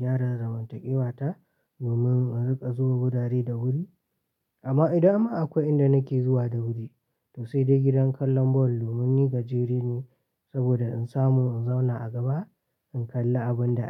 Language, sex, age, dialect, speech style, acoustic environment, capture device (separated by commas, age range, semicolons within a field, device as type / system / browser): Hausa, male, 18-24, Nigeria - Katsina, focused, silence, mobile / Linux / Chrome